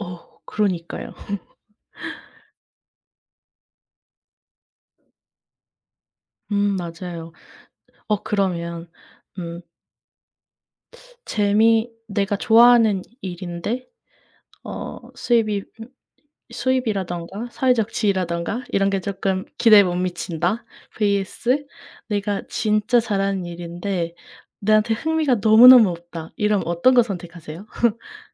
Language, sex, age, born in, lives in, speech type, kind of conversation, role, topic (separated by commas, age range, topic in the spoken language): Korean, female, 20-24, South Korea, United States, conversation, unstructured, none, 학교 다닐 때 가장 기억에 남는 수업은 무엇이었나요?